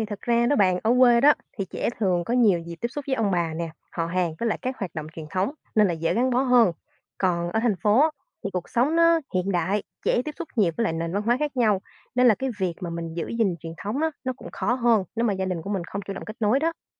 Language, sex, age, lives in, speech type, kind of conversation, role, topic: Vietnamese, female, 20-24, Vietnam, read, expert-assistant, expert, Sống ở thành phố lớn và làng quê Việt Nam: Nơi nào giúp giữ gìn truyền thống văn hóa tốt hơn?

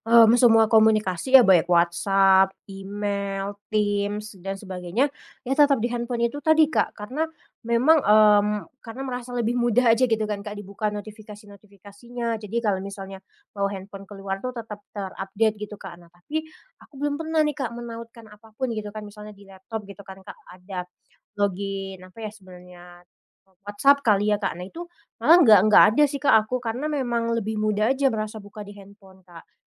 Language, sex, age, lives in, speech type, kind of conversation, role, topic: Indonesian, female, 25-29, Indonesia, conversation, advice, user, Mengapa kamu mudah tergoda membuka media sosial saat harus fokus?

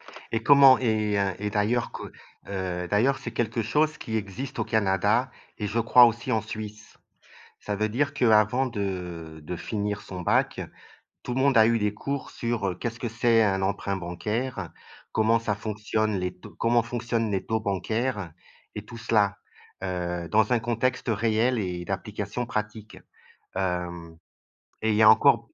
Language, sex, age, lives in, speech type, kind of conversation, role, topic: French, male, 55-59, Portugal, conversation, unstructured, none, Qu’est-ce que tu changerais dans ton école si tu le pouvais ?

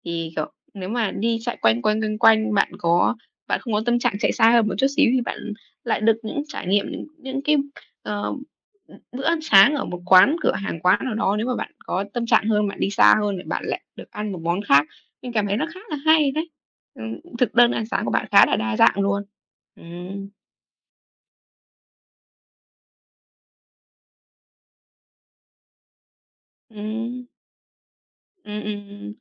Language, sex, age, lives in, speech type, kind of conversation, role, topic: Vietnamese, female, 18-19, Vietnam, conversation, unstructured, none, Bạn thường bắt đầu ngày mới với thói quen gì?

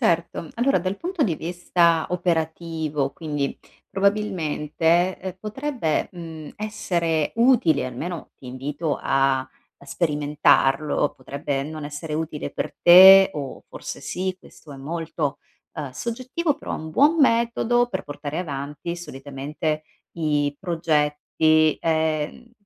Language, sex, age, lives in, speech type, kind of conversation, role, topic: Italian, female, 35-39, Italy, conversation, advice, advisor, Come posso smettere di essere perfezionista e concentrarmi sul progresso?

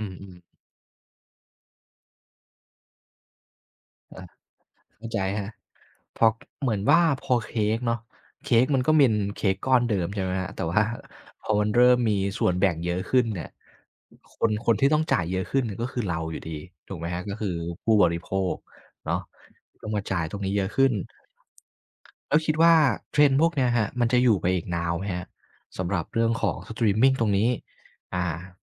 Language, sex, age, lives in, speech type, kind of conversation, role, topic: Thai, male, 25-29, Thailand, conversation, podcast, host, ทำไมคนถึงหันจากการดูโทรทัศน์ไปดูบริการสตรีมมิงออนไลน์ได้ง่าย?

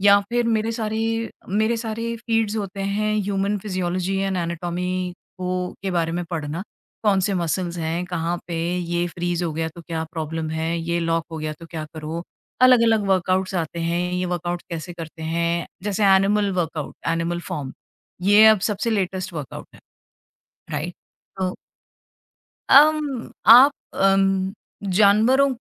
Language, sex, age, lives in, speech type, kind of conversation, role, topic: Hindi, female, 45-49, India, conversation, podcast, guest, Kaise balance karte ho work aur social media time?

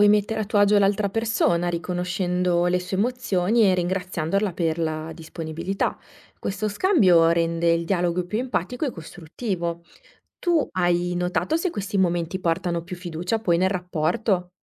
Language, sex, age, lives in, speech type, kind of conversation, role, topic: Italian, female, 30-34, Italy, read, expert-assistant, expert, In che modo la famiglia e gli amici influenzano i nostri obiettivi di vita?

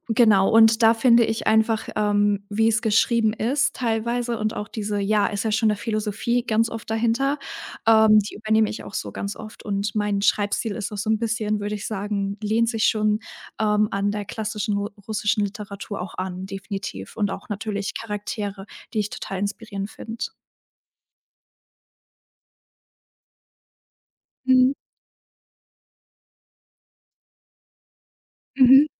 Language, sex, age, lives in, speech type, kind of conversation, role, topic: German, female, 18-19, Germany, conversation, podcast, guest, Was inspiriert dich am meisten?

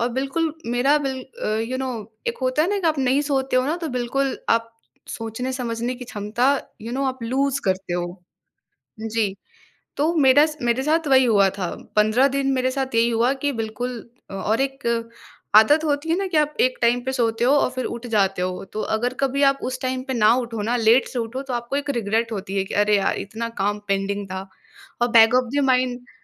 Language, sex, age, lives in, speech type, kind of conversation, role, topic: Hindi, female, 20-24, India, conversation, podcast, guest, Sleep sudhaar ke theek hone ka tumhara experience kaisa raha?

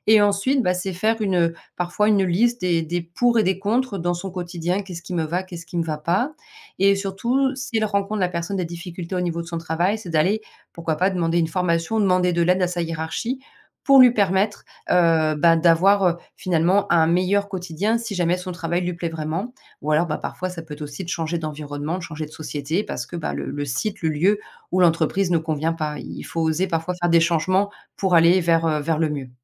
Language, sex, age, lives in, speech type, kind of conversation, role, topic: French, female, 40-44, France, conversation, podcast, guest, Comment transformez-vous concrètement un échec en opportunité ?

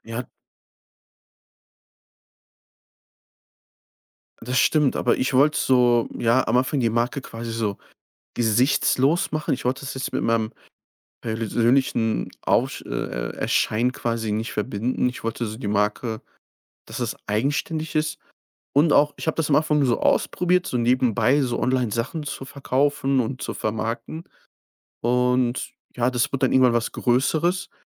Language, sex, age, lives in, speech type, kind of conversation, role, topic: German, male, 25-29, Germany, conversation, podcast, guest, Wie nutzt du soziale Netzwerke privat und beruflich?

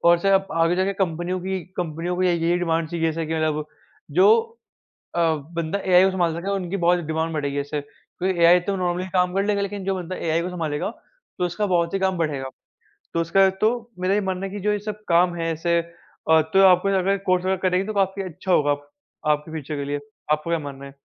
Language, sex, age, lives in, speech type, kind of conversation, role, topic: Hindi, male, 45-49, India, conversation, unstructured, none, क्या आपको लगता है कि कृत्रिम बुद्धिमत्ता हमारे काम को आसान बना देगी?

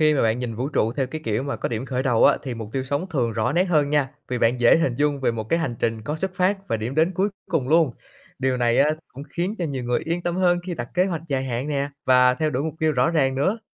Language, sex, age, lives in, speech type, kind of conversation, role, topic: Vietnamese, male, 25-29, Vietnam, read, expert-assistant, expert, Nếu phải chọn giữa ý tưởng vũ trụ có điểm khởi đầu và vũ trụ luôn tồn tại, bạn thấy cách nhìn nào thú vị hơn để giải thích vũ trụ?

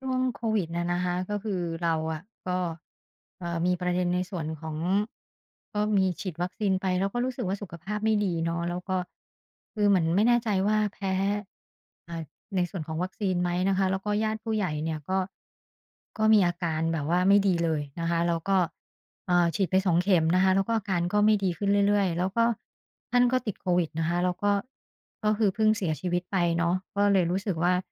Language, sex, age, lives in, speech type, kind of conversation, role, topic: Thai, female, 50-54, Thailand, conversation, advice, user, คุณกำลังรับมือกับการสูญเสียคนใกล้ชิดหรือการเปลี่ยนแปลงครั้งใหญ่ในชีวิตอย่างไร?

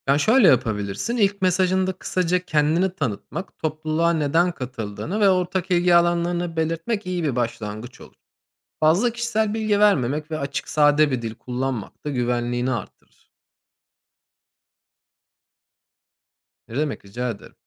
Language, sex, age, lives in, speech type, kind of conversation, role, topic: Turkish, male, 30-34, Germany, read, expert-assistant, expert, Stresle ilgili farklı bakış açılarını ve yöntemleri paylaşan topluluklara nasıl ulaşabilirim?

